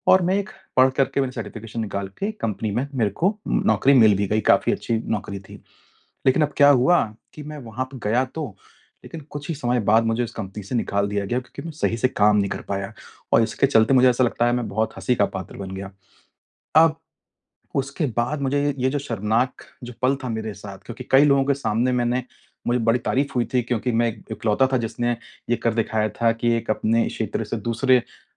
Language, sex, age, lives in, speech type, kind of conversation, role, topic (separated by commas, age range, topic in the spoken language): Hindi, male, 35-39, India, conversation, advice, user, मैं किसी शर्मनाक पल के बाद जल्दी कैसे संभल सकता/सकती हूँ?